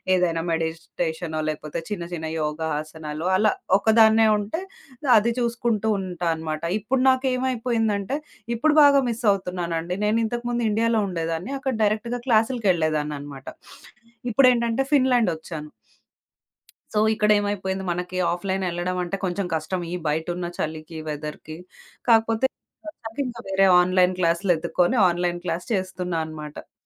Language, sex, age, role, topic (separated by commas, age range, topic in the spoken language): Telugu, female, 30-34, guest, రోజూ మీకు సంతోషాన్ని పంచే చిన్న అలవాటు ఏది?